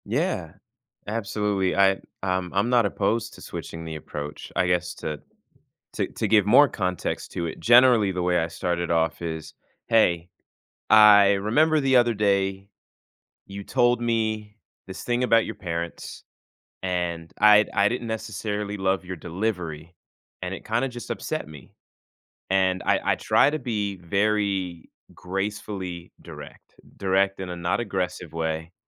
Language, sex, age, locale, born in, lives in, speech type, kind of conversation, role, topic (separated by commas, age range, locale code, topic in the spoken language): English, male, 20-24, en-US, United States, United States, conversation, advice, user, How can I stop feeling drained by emotional labor in my relationship?